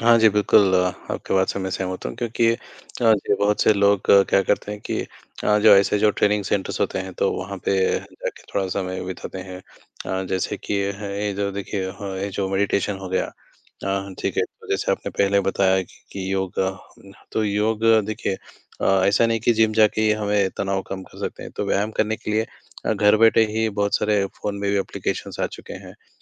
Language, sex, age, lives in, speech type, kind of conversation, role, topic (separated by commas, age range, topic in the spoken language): Hindi, male, 20-24, India, conversation, unstructured, none, क्या नई चीज़ें सीखना आपके लिए तनाव कम करने का एक तरीका है?